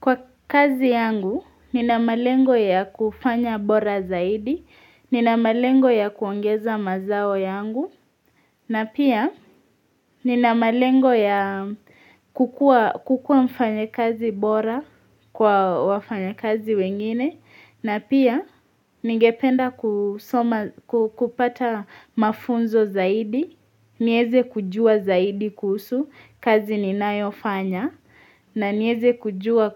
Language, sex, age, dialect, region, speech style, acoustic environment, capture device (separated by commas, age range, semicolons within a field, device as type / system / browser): Swahili, female, 18-24, Kenya - Mombasa, Kenya, relaxed, silence, mobile / Linux / Chrome